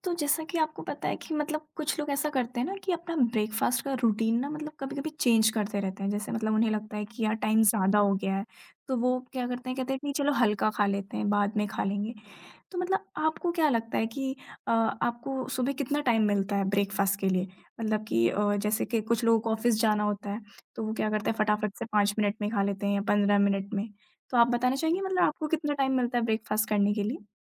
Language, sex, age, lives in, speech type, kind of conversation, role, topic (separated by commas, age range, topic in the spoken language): Hindi, female, 20-24, India, conversation, podcast, host, Busy routine mein aap jaldi aur poshtik naashte mein kya lete hain?